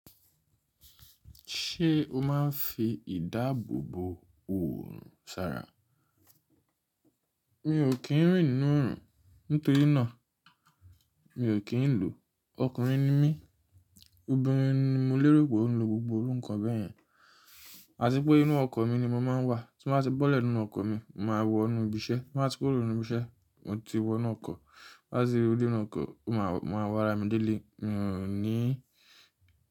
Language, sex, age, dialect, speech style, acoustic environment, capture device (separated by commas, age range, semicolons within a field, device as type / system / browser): Yoruba, male, 25-34, Nigeria - Nigerian Standard, tired; relaxed, silence, mobile / Linux / Chrome